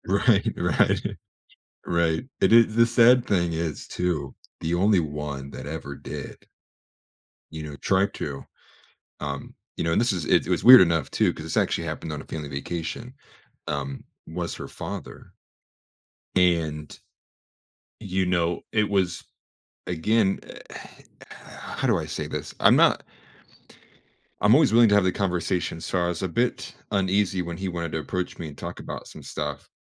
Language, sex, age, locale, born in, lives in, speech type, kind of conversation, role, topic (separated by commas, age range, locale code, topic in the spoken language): English, male, 25-29, en-US, United States, United States, conversation, advice, user, How can I cope with feeling overwhelmed by ongoing family drama?